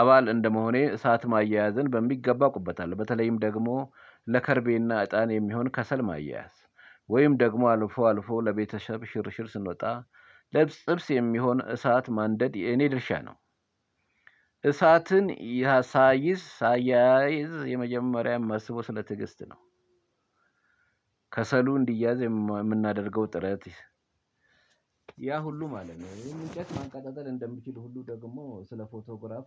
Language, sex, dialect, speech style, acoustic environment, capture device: Amharic, male, Ethiopia - Addis Ababa, focused; relaxed, silence, mobile / Linux / Chrome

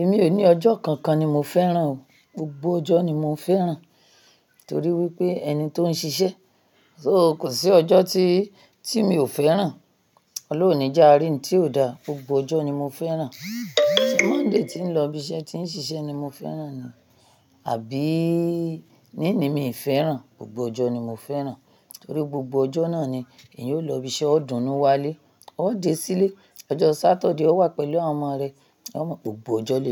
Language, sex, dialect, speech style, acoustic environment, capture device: Yoruba, female, Nigeria - Ibadan, happy, silence, mobile / Linux / Chrome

